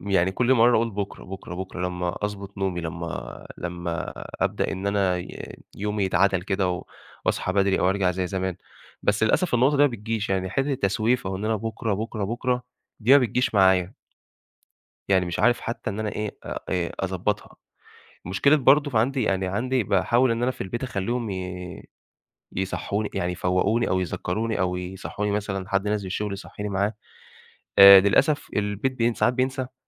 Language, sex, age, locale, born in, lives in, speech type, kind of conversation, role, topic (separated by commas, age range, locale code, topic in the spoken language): Arabic, male, 25-29, ar-EG, Egypt, Egypt, conversation, advice, user, ليه مش قادر ألتزم بروتين صباحي ثابت؟